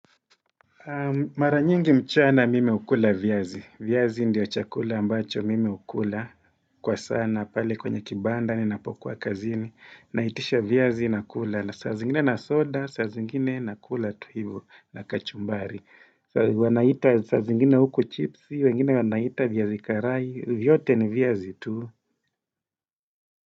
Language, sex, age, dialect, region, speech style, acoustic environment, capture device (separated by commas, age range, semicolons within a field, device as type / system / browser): Swahili, male, 35-44, Kenya - Mombasa, Kenya, happy; relaxed; focused, silence; nature, desktop / Windows / Edge